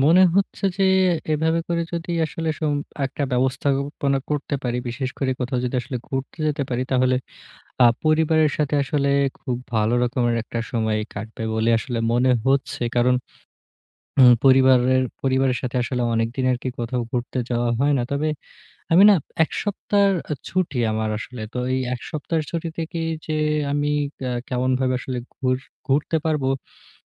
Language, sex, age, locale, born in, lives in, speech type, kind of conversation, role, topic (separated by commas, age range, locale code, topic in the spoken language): Bengali, male, 20-24, bn-BD, Bangladesh, Bangladesh, conversation, advice, user, ছুটি উপভোগ করতে করতে কীভাবে সময় ভালোভাবে পরিচালনা করব?